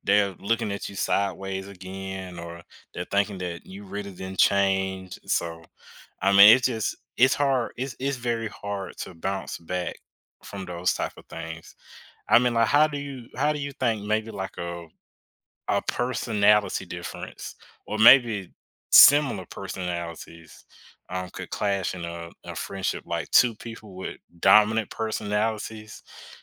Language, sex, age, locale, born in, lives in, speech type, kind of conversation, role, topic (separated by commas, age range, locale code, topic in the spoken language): English, male, 25-29, en-US, United States, United States, conversation, podcast, host, What factors most often lead to misunderstandings or tension between friends?